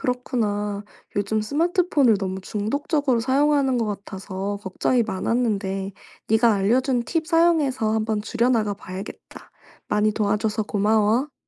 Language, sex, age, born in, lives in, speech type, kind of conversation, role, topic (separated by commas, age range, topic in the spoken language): Korean, female, 25-29, South Korea, France, read, expert-assistant, asker, 스마트폰이 우리의 주의력을 자주 방해한다면, 이를 현명하게 활용할 수 있는 방법을 어떻게 만들어볼 수 있을까요?